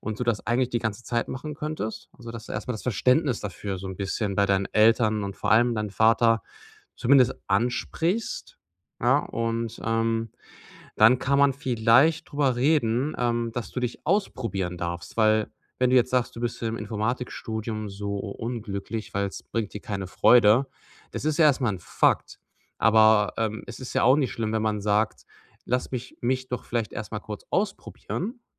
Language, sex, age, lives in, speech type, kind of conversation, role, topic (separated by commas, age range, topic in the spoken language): German, male, 30-34, Germany, conversation, advice, advisor, Wie kann ich gute Entscheidungen treffen, wenn ich unsicher bin?